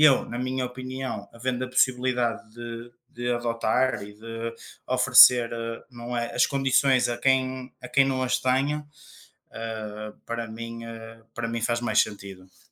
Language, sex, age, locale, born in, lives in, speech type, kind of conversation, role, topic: Portuguese, male, 25-29, pt-PT, Portugal, Portugal, conversation, unstructured, none, Qual é a importância dos animais de estimação na vida das pessoas?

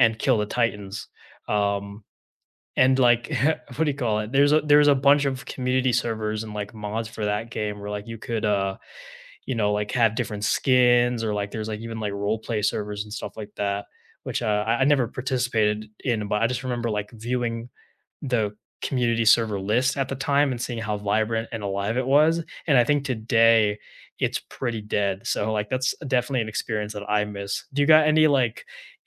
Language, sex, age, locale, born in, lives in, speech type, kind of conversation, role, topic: English, male, 20-24, en-US, United States, United States, conversation, unstructured, none, Which video games help you unwind after a long day, and why are they your comfort picks?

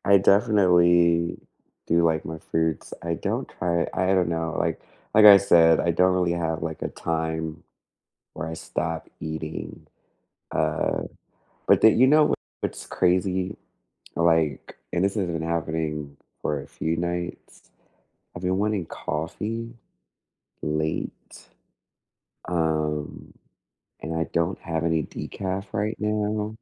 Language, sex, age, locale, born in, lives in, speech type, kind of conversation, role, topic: English, other, 30-34, en-US, United States, United States, conversation, unstructured, none, What sleep tweaks and bedtime routines have actually worked for you?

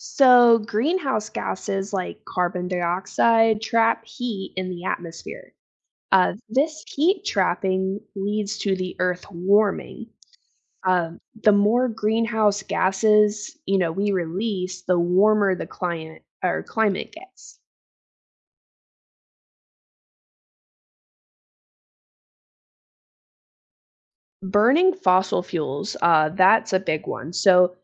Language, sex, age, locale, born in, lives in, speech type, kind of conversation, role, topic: English, female, 25-29, en-US, United States, United States, read, expert-assistant, expert, How do scientists track climate change over time?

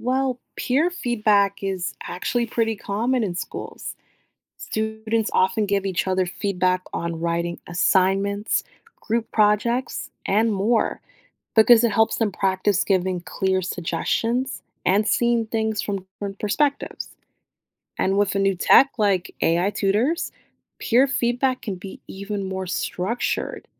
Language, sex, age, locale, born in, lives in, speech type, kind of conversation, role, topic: English, female, 30-34, en-US, United States, United States, read, expert-assistant, expert, How would AI tutors impact teachers, parents, and students?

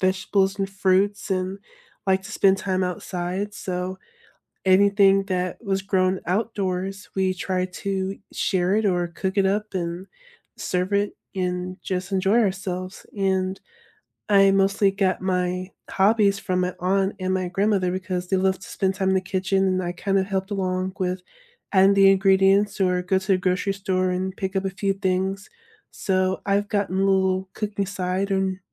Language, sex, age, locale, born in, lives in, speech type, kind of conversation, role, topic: English, female, 25-29, en-US, United States, United States, conversation, unstructured, none, What is your favorite comfort food, and what is the story behind it?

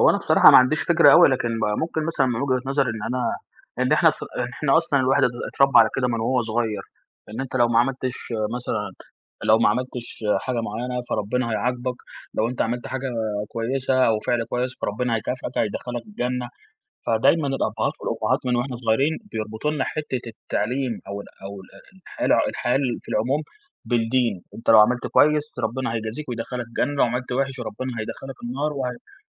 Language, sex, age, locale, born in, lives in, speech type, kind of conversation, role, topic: Arabic, male, 20-24, ar-EG, Egypt, Egypt, conversation, podcast, guest, إزاي تقنع نفسك إنك تصبر عشان توصل لهدف بعيد؟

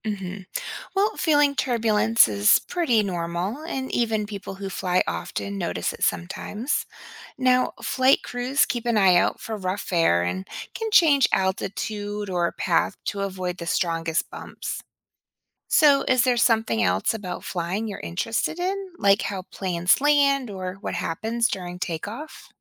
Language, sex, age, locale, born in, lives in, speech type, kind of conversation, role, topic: English, female, 40-44, en-US, United States, United States, read, expert-assistant, expert, How do airplanes stay in the air?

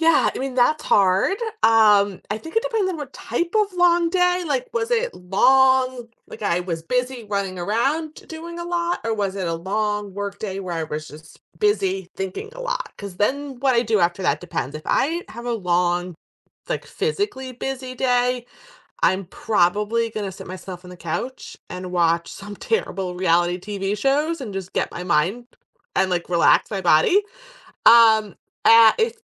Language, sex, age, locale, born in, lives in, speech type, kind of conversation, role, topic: English, female, 35-39, en-US, United States, United States, conversation, podcast, guest, How do you find balance and unwind after a stressful day?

